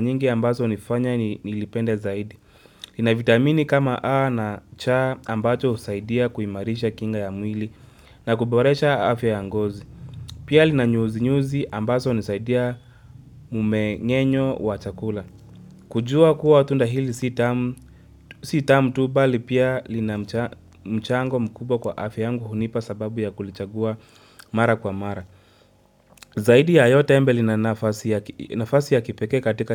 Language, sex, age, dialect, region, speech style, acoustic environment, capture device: Swahili, male, 25-34, Kenya - Nairobi Sheng-influenced, Kenya, focused; relaxed, nature, mobile / Linux / Chrome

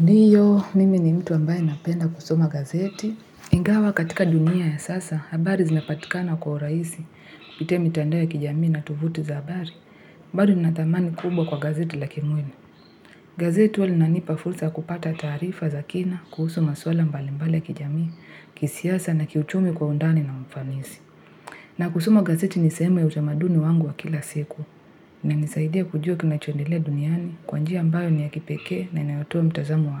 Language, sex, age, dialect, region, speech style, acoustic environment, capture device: Swahili, female, 18-24, Kenya - Nairobi Sheng-influenced, Kenya, happy, people talking; music; nature; silence; traffic, mobile / Linux / Chrome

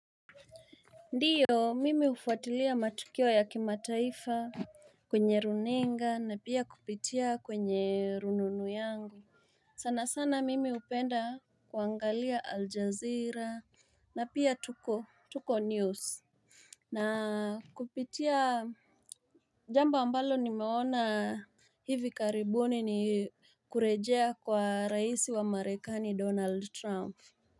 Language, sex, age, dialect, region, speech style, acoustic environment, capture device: Swahili, female, 25-34, Kenya - Mombasa, Kenya, excited, silence, mobile / Linux / Chrome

